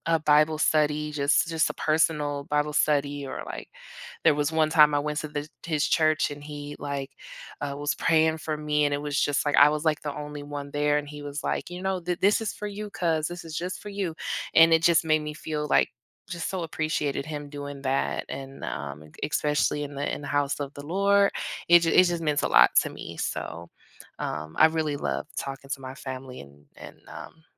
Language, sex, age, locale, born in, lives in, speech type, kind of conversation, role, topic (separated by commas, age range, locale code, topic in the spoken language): English, female, 30-34, en-US, United States, United States, conversation, unstructured, none, What small, everyday gestures make you feel most appreciated?